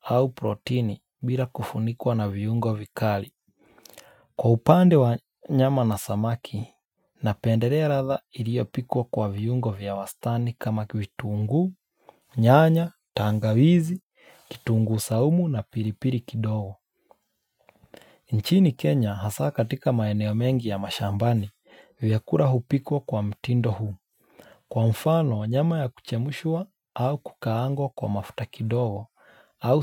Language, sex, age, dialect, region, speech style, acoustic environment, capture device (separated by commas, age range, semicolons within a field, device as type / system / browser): Swahili, male, 25-34, Kenya - Mombasa, Kenya, relaxed, silence, mobile / Linux / Chrome